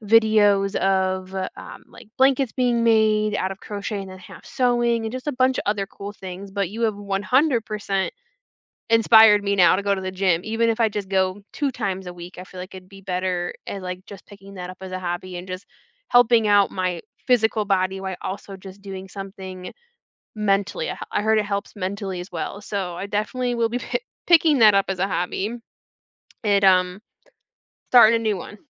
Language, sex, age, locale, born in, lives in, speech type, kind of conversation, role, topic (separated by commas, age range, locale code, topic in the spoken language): English, female, 30-34, en-US, United States, United States, conversation, unstructured, none, How can you convince someone to give a hobby a fair chance?